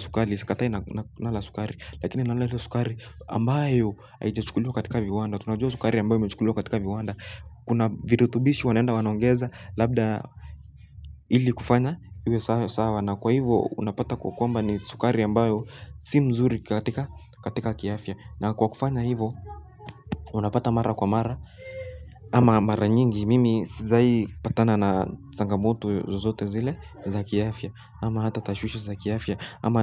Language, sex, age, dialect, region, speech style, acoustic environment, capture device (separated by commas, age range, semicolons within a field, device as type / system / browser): Swahili, male, 18-24, Kenya - Nairobi Sheng-influenced, Kenya, relaxed, people talking; music, mobile / Linux / Chrome